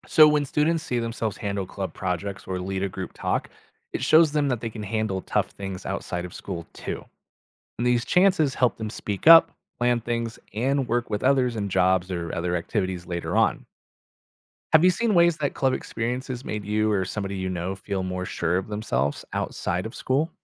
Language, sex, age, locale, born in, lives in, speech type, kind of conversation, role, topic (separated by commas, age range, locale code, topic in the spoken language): English, male, 30-34, en-US, United States, United States, read, expert-assistant, expert, How does participating in school clubs or organizations build leadership skills?